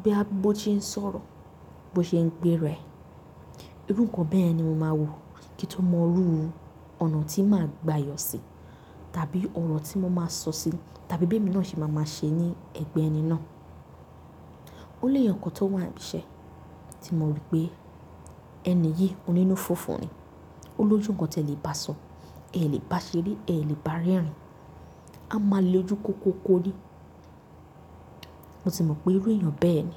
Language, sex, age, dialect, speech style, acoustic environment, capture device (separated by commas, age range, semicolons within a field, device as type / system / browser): Yoruba, female, 18-24, Nigeria - Nigerian Standard, excited, nature, mobile / Linux / Chrome